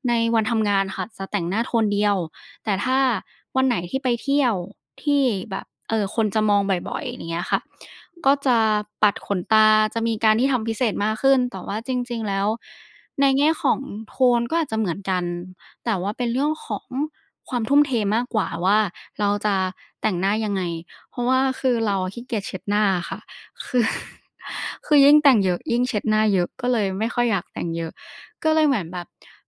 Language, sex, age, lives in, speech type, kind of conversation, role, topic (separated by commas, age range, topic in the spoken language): Thai, female, 20-24, Thailand, conversation, podcast, guest, การแต่งตัวสะท้อนตัวตนของคุณอย่างไร?